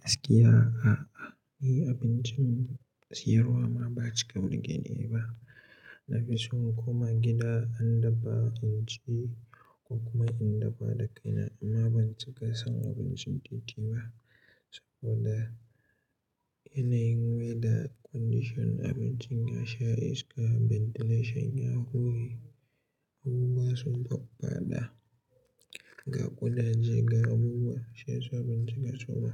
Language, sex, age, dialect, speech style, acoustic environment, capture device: Hausa, male, 18-24, Nigeria - Katsina, happy, silence; nature, mobile / Linux / Chrome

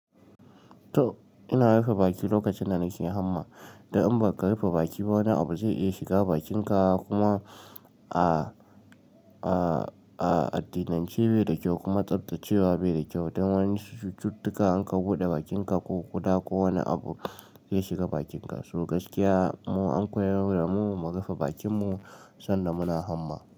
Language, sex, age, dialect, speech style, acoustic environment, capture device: Hausa, male, 18-24, Nigeria - Nigerian Standard, relaxed, appliances, mobile / Linux / Chrome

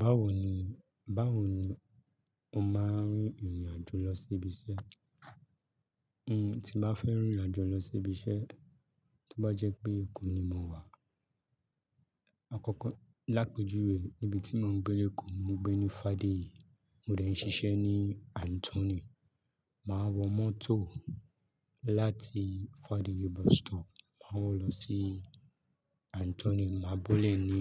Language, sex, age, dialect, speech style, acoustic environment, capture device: Yoruba, male, 25-34, Nigeria - Lagos, happy, music, mobile / Linux / Chrome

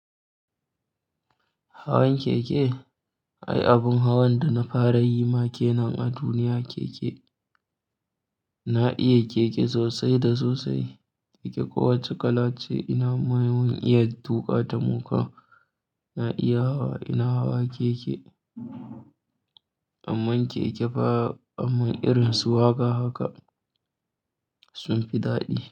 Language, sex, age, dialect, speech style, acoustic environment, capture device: Hausa, male, 18-24, Nigeria - Kano, happy, silence, mobile / Linux / Chrome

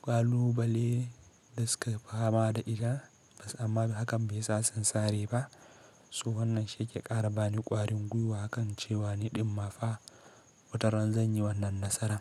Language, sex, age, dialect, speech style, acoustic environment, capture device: Hausa, male, 18-24, Nigeria - Kano, happy, silence, mobile / Linux / Chrome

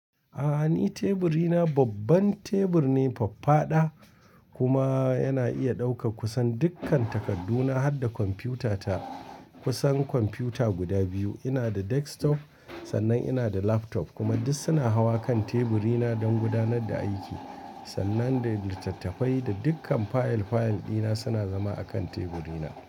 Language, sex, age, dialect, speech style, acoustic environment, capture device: Hausa, male, 45-54, Nigeria - Kano, relaxed, music, mobile / Linux / Chrome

